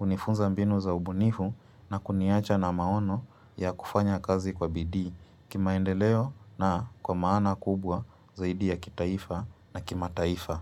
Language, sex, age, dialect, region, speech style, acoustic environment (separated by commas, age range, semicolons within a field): Swahili, female, 25-34, Kenya - Mombasa, Kenya, relaxed, silence